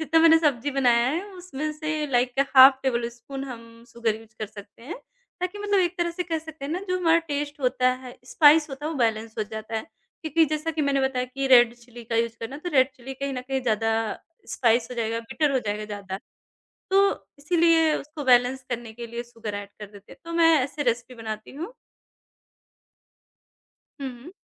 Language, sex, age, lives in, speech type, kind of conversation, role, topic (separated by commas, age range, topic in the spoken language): Hindi, male, 30-34, India, conversation, podcast, guest, Koi simple trick jo taste ko instantly better banata ho, batao?